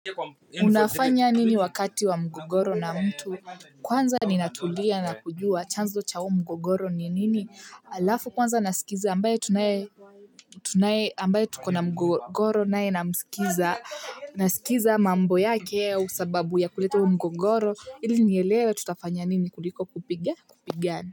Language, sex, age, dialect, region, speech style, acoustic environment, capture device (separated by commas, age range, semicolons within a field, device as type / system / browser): Swahili, female, 18-24, Kenya - Nairobi Sheng-influenced, Kenya, happy, people talking, mobile / Linux / Chrome